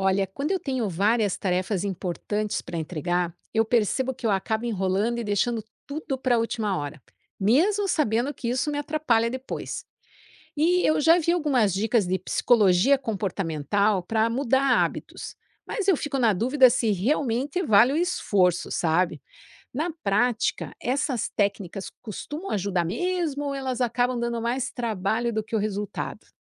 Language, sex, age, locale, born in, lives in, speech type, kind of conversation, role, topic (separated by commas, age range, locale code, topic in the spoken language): Portuguese, female, 50-54, pt-BR, Brazil, United States, read, expert-assistant, asker, Técnicas comportamentais realmente ajudam a vencer a procrastinação?